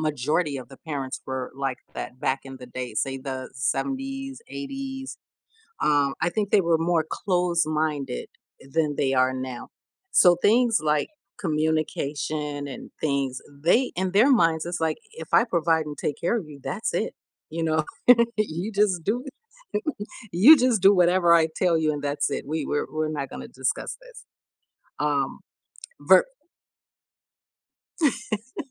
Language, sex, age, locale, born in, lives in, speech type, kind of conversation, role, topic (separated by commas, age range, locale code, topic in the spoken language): English, female, 45-49, en-US, United States, United States, conversation, podcast, host, What helps families build trust and openness when talking about emotions?